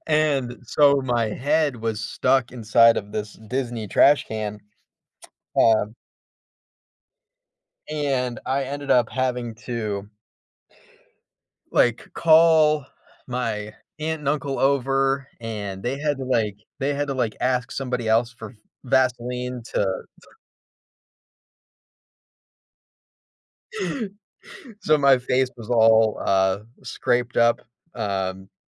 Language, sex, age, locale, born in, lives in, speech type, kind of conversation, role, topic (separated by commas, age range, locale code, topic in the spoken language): English, male, 25-29, en-US, United States, United States, conversation, unstructured, none, How have your childhood experiences with loved ones influenced who you are today?